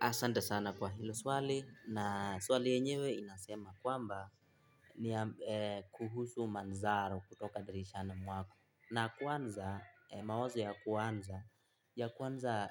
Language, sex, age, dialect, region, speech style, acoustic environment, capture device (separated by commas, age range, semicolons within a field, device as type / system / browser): Swahili, male, 18-24, Kenya - Nairobi Sheng-influenced, Kenya, happy, people talking, mobile / Linux / Chrome